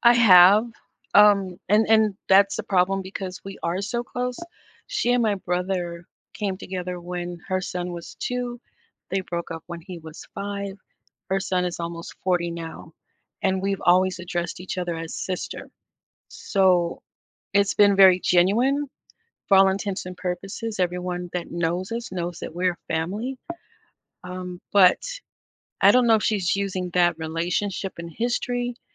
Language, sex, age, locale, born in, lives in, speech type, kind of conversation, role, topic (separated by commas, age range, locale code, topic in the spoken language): English, female, 55-59, en-US, United States, United States, conversation, advice, user, How can I address a close friend's betrayal and decide whether to repair the friendship?